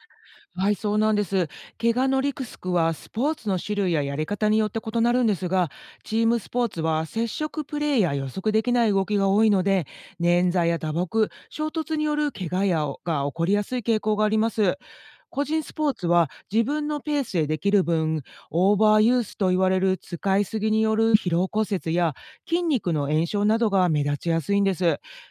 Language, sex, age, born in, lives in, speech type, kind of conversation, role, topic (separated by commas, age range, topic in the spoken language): Japanese, female, 50-54, Japan, United States, read, expert-assistant, expert, チームスポーツと個人スポーツにはどんな違いがありますか？